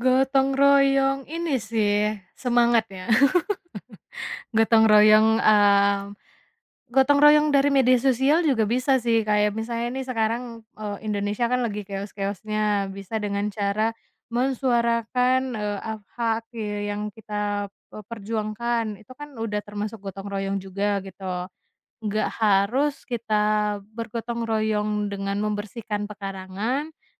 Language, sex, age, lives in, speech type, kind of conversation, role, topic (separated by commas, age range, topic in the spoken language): Indonesian, female, 25-29, Indonesia, conversation, podcast, guest, Apa makna gotong royong bagi kamu dalam kehidupan sehari-hari?